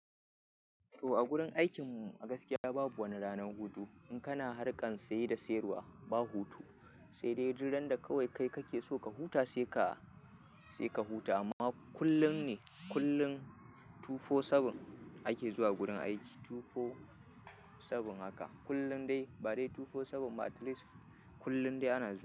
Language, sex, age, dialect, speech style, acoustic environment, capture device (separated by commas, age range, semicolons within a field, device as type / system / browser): Hausa, male, 25-34, Nigeria - Kano, happy; anxious; tired; relaxed; nervous; excited; focused; stressed, people talking; music; silence; nature; traffic; construction; appliances, mobile / Linux / Chrome